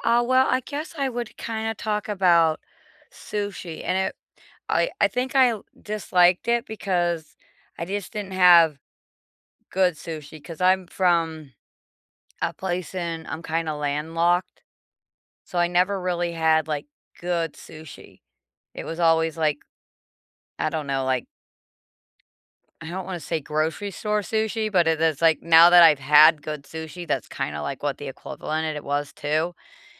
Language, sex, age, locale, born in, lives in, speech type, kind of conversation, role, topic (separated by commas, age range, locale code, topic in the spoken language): English, female, 45-49, en-US, United States, United States, conversation, unstructured, none, What’s a food you once disliked but now love, and what changed your mind?